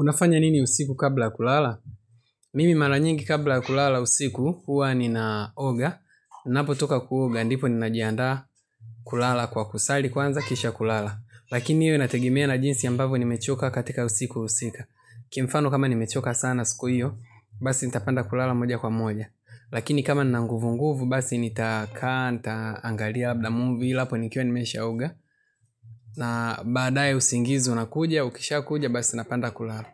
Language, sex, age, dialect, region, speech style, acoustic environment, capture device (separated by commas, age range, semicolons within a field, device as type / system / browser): Swahili, male, 25-34, Tanzania - Dar es Salaam, Tanzania, relaxed, nature; people talking, mobile / Linux / Chrome